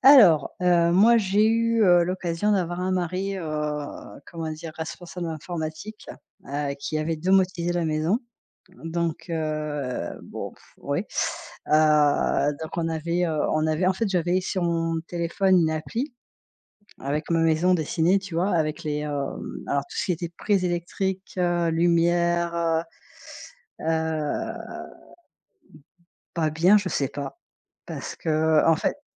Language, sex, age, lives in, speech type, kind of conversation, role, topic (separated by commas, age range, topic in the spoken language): French, female, 45-49, France, conversation, unstructured, none, Comment la domotique a-t-elle simplifié votre vie à la maison ?